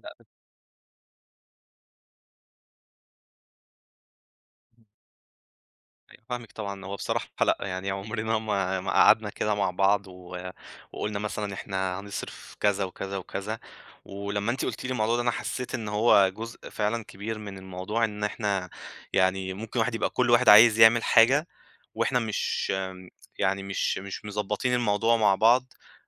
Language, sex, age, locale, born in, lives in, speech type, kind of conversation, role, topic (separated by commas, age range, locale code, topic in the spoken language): Arabic, male, 25-29, ar-EG, Egypt, Egypt, conversation, advice, user, إزاي أخطط لعطلتي من غير ما أرفع سقف توقعاتي وأتضايق؟